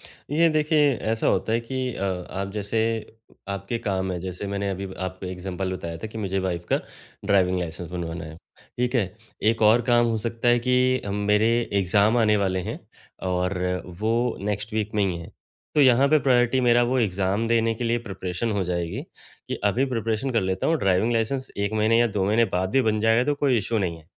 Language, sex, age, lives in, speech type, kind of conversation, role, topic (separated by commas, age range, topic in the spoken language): Hindi, male, 35-39, India, conversation, podcast, guest, Stress aur anxiety ko handle karne ke tumhare simple tareeke kya hain?